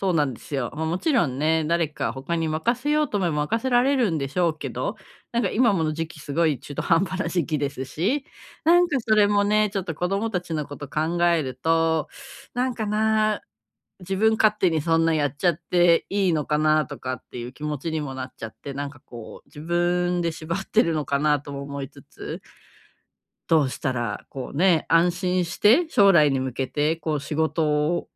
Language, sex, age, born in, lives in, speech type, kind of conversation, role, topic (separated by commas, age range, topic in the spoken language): Japanese, female, 35-39, Japan, Japan, conversation, advice, user, 将来への不安を計画に変える